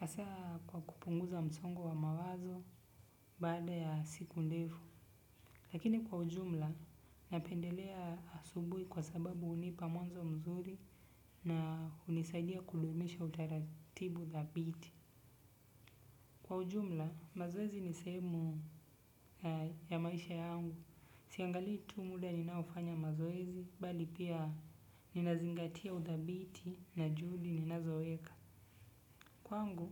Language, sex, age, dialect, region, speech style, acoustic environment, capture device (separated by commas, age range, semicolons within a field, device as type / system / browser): Swahili, male, 25-34, Kenya - Nairobi Sheng-influenced, Kenya, focused, silence, desktop / Windows / Chrome